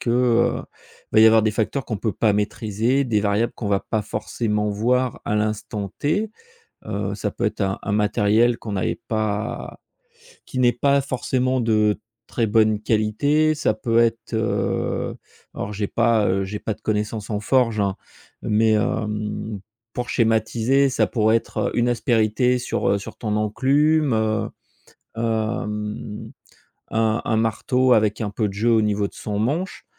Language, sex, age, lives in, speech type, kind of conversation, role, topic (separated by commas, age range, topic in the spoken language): French, male, 45-49, France, conversation, podcast, host, Quel rôle les erreurs jouent-elles dans ton processus créatif ?